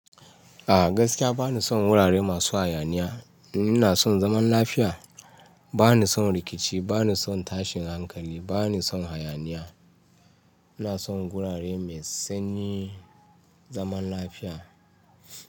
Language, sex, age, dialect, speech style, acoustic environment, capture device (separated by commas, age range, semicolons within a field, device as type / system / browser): Hausa, male, 35-44, Nigeria - Ibadan, relaxed, nature, mobile / Linux / Chrome